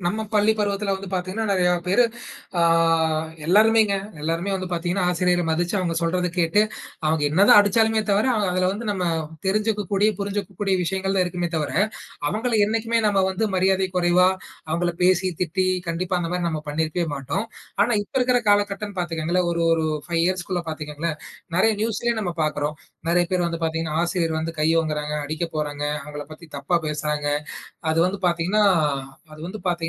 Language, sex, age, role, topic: Tamil, male, 20-24, guest, சமுதாயத்தில் பரஸ்பர மரியாதையை நாம் எப்படி வளர்த்தெடுக்கலாம்?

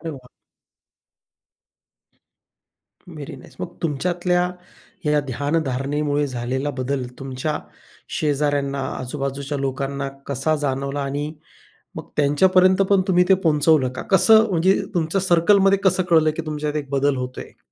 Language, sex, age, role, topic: Marathi, male, 40-44, host, दैनंदिन आयुष्यात ध्यानासाठी वेळ कसा काढता?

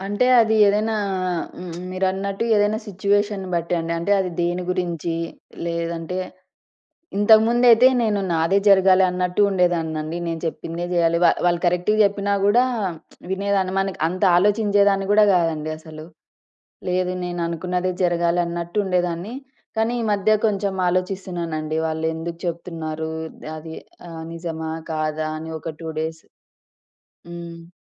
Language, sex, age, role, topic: Telugu, female, 30-34, guest, అంగీకారం శాంతి తెస్తుందనే మీ అనుభవం ఏమిటి?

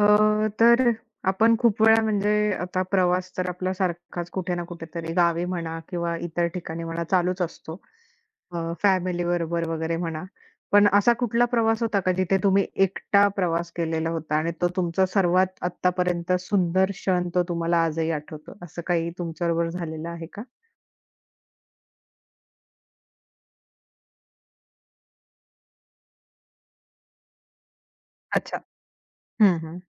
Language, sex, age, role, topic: Marathi, female, 25-29, host, तुम्ही केलेल्या एकट्या प्रवासांपैकी सर्वात सुंदर प्रवास कुठे झाला होता?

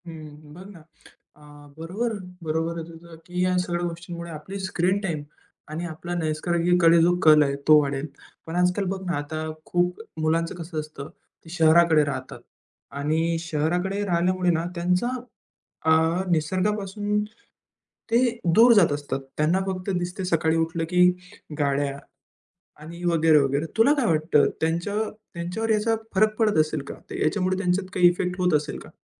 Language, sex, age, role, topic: Marathi, male, 20-24, host, मुलांना निसर्गात ध्यान शिकवताना तुम्ही काय कराल?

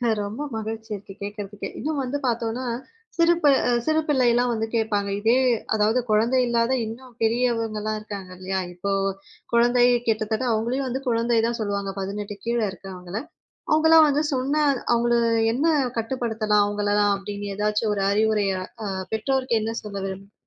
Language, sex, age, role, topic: Tamil, female, 20-24, host, குழந்தைகளின் திரை நேரத்தை எப்படிக் கட்டுப்படுத்தலாம்?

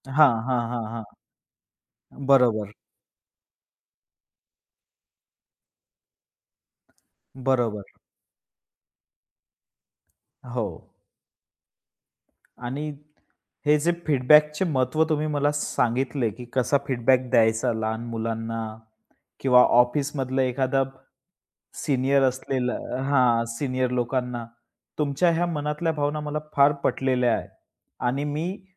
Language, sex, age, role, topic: Marathi, male, 25-29, host, फीडबॅक देताना तुम्ही कोणत्या गोष्टी लक्षात ठेवता?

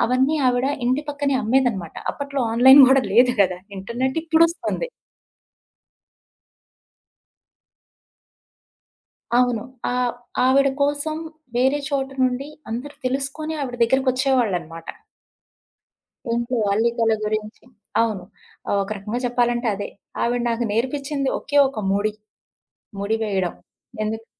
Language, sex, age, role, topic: Telugu, female, 35-39, guest, నైపుణ్యాలను పెంపొందించుకోవడానికి మీరు ఏమి సూచిస్తారు?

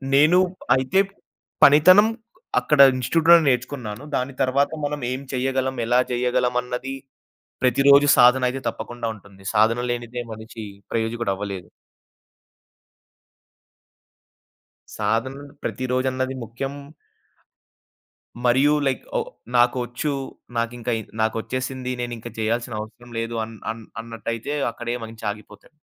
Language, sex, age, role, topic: Telugu, male, 20-24, guest, సృజనాత్మక పనిలో మీ వ్యక్తిగత గుర్తింపు మీకు ఎంత ముఖ్యంగా అనిపిస్తుంది?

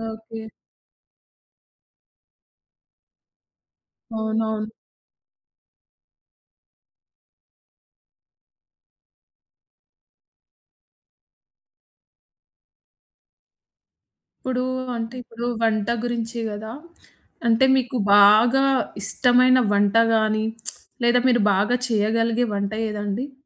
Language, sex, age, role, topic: Telugu, female, 20-24, host, పండుగ కోసం వంటపని ప్రణాళికను మీరు ఎలా సిద్ధం చేసుకోగలరు?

